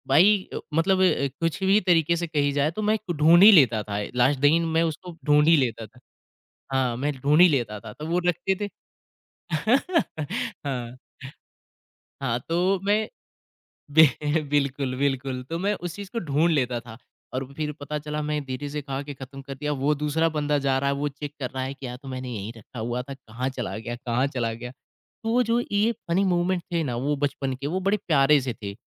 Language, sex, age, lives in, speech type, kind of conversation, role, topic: Hindi, male, 25-29, India, conversation, podcast, guest, Batao koi khaas family recipe jo har tyohaar pe banti hai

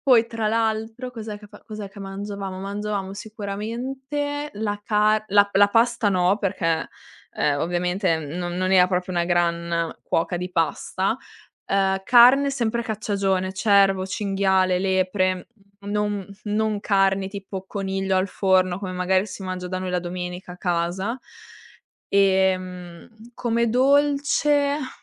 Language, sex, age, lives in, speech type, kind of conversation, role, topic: Italian, female, 20-24, Italy, conversation, podcast, guest, Avete tradizioni di famiglia per le feste natalizie?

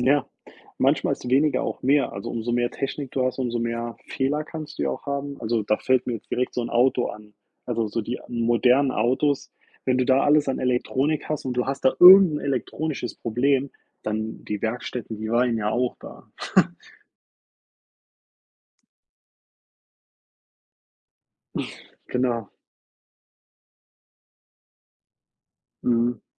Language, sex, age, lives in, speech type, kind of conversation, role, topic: German, male, 30-34, United States, conversation, unstructured, none, Wie verändert Technik unseren Alltag am stärksten?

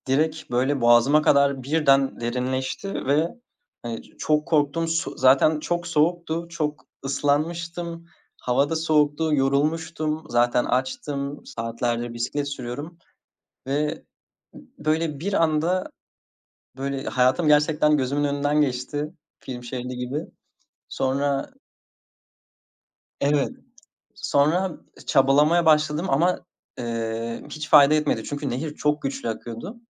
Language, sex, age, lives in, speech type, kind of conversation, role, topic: Turkish, male, 20-24, Netherlands, conversation, podcast, guest, Bir keşif gezisinde unutamadığın bir anıyı anlatır mısın?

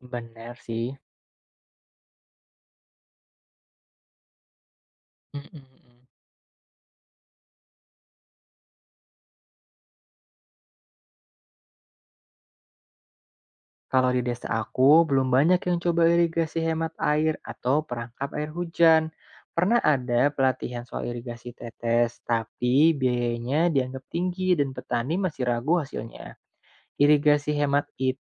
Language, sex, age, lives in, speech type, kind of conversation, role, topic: Indonesian, male, 25-29, Indonesia, read, expert-assistant, asker, Teknologi atau aplikasi apa yang paling membantu petani dalam menerapkan prinsip pertanian berkelanjutan di Indonesia, dan bagaimana cara menggunakannya?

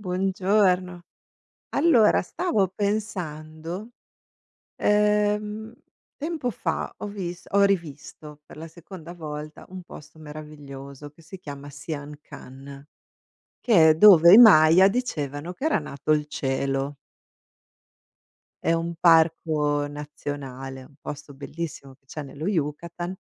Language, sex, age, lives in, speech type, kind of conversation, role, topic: Italian, female, 45-49, United States, conversation, unstructured, none, Qual è il luogo più bello che tu abbia mai visto in natura?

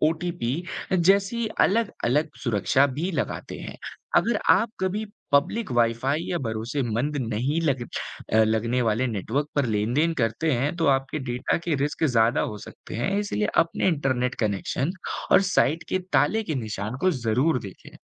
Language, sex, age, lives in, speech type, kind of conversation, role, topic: Hindi, male, 18-19, India, read, expert-assistant, expert, इंटरनेट कैसे काम करता है?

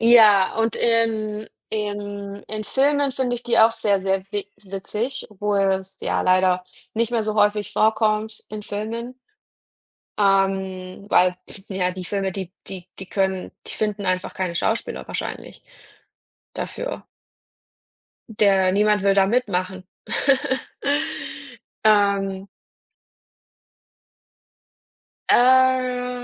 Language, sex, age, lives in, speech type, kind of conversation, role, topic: German, female, 30-34, Germany, conversation, unstructured, none, Wie wichtig ist Humor für deine emotionale Gesundheit?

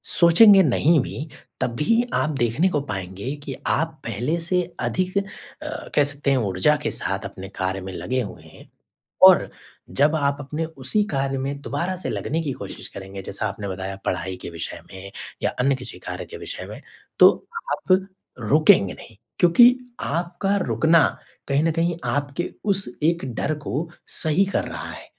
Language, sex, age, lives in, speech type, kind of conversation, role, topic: Hindi, male, 25-29, India, conversation, advice, advisor, मैं अनिश्चित भविष्य को लेकर होने वाली चिंता को कैसे कम कर सकता/सकती हूँ?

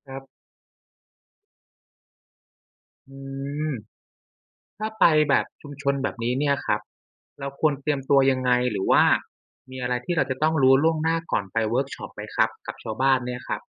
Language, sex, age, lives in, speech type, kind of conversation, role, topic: Thai, male, 35-39, Thailand, read, expert-assistant, asker, ถ้าอยากเข้าใจวัฒนธรรมแต่ละภาคของไทย มีที่ไหนหรือกิจกรรมอะไรที่ควรไปลองสัมผัสบ้าง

